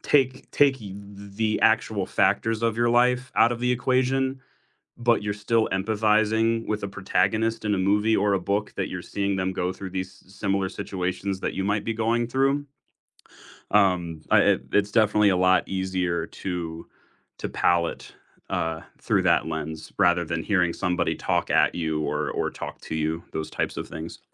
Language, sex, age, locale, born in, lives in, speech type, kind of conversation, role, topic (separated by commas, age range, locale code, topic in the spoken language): English, male, 20-24, en-US, United States, United States, conversation, unstructured, none, What is a movie or book that challenged the way you think?